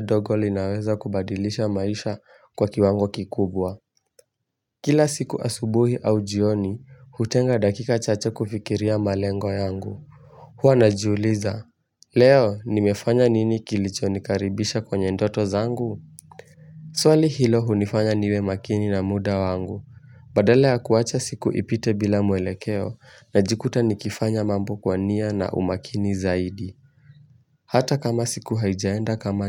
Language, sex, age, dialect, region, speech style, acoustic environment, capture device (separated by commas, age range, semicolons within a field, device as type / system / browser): Swahili, male, 18-24, Kenya - Mombasa, Kenya, focused, silence, mobile / Linux / Chrome